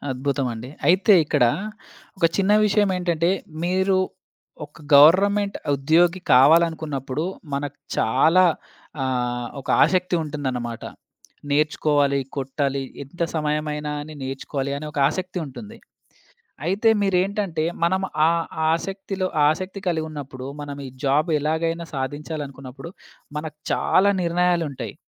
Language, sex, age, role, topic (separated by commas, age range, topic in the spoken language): Telugu, male, 25-29, host, ఒకే నిర్ణయం గురించి మళ్లీ మళ్లీ ఎక్కువగా ఆలోచిస్తూ మనశ్శాంతి ఎందుకు కోల్పోతాం?